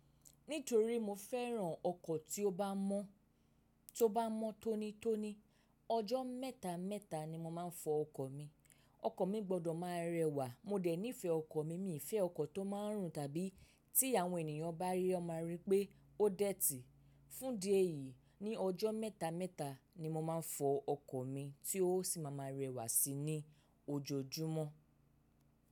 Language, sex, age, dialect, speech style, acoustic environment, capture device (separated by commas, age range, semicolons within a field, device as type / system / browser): Yoruba, female, 25-34, Nigeria - Lagos Yoruba, focused; relaxed, silence, mobile / macOS / Safari